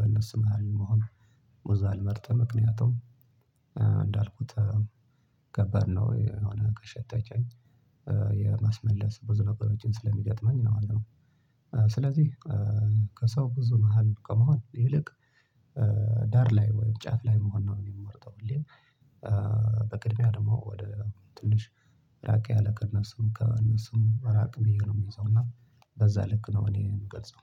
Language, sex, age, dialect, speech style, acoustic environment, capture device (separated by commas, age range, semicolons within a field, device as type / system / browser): Amharic, male, 25-34, Ethiopia - Tigray, happy; relaxed; focused, silence, desktop / Linux / Chrome